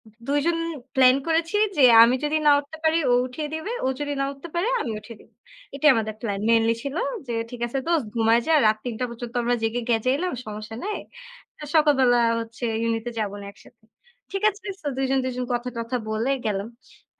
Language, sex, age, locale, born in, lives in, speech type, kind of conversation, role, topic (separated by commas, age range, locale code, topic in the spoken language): Bengali, female, 20-24, bn-BD, Bangladesh, Bangladesh, conversation, unstructured, none, আপনার দৈনন্দিন জীবনে আপনার কোন অভ্যাসটি সবচেয়ে বেশি বিরক্তিকর বলে মনে হয়?